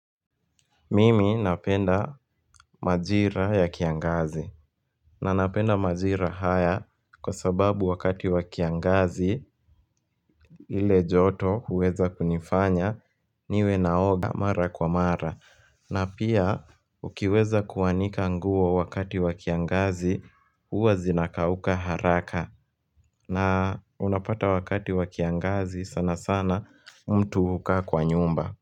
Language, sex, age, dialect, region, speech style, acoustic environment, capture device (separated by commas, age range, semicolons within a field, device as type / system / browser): Swahili, male, 18-24, Kenya - Nairobi Sheng-influenced, Kenya, happy; relaxed; focused, people talking; music; nature; construction; appliances; traffic, mobile / Linux / Chrome